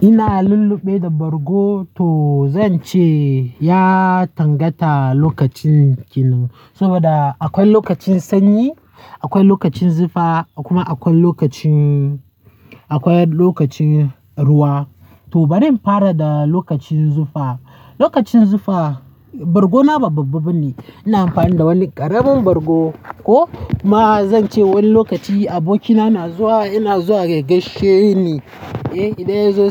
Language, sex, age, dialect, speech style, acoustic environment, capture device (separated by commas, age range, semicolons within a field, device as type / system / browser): Hausa, male, 18-24, Nigeria - Nigerian Standard, happy; nervous; tired; stressed, people talking; traffic; construction; music, mobile / Linux / Chrome